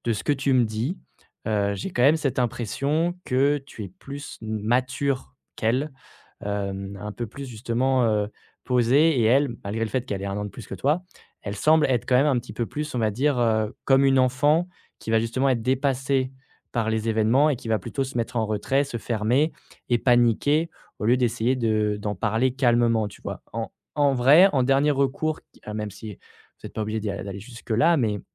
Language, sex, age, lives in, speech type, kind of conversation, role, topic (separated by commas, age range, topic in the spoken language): French, male, 25-29, France, conversation, advice, advisor, Avez-vous des doutes sur l’avenir de votre relation ?